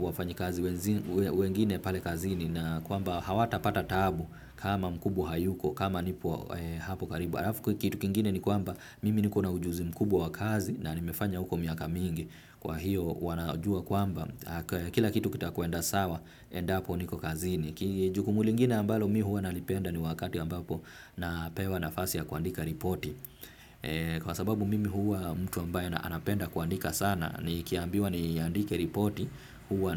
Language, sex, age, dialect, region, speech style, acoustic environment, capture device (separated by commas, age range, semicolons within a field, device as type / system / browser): Swahili, male, 25-34, Kenya - Nairobi Sheng-influenced, Kenya, relaxed, traffic, desktop / Windows / Firefox